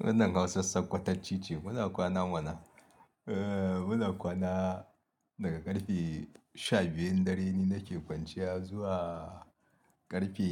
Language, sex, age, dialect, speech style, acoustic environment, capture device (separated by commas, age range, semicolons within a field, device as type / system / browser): Hausa, male, 35-44, Nigeria - Kano, happy, people talking, mobile / Linux / Chrome